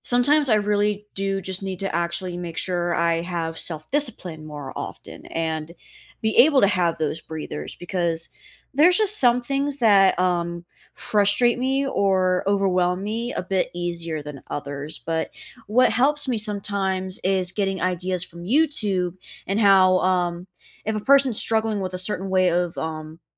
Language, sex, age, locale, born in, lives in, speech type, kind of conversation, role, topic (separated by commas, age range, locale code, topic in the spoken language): English, female, 20-24, en-US, United States, United States, conversation, unstructured, none, How do you recharge after a busy day or week?